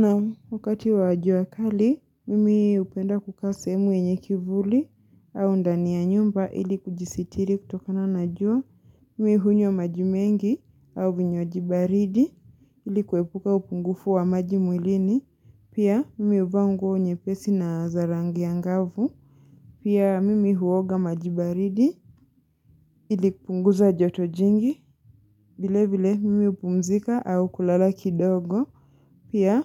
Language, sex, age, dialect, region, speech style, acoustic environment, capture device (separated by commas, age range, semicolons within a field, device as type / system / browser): Swahili, female, 25-34, Kenya - Mombasa, Kenya, excited, silence; nature, mobile / Linux / Chrome